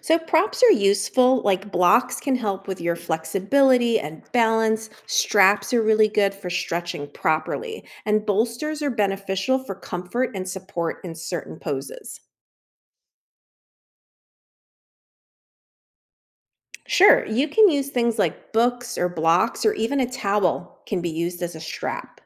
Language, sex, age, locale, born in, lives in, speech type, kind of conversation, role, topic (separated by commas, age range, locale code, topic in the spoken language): English, female, 50-54, en-US, United States, United States, read, expert-assistant, expert, How do you get started practicing yoga at home?